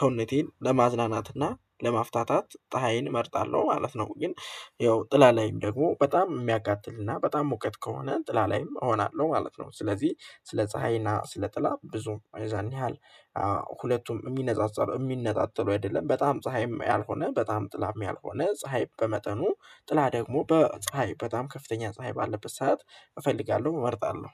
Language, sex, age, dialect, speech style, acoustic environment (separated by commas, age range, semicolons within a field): Amharic, male, 18-24, Ethiopia - Gojjam, happy, nature